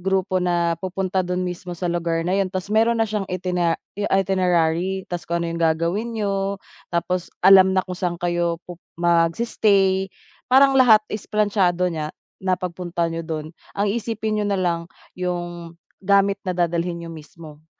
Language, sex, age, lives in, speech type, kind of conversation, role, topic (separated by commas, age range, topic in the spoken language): Filipino, female, 30-34, Philippines, conversation, advice, advisor, Paano ako makakatiyak na ligtas at komportable ang aking paglalakbay?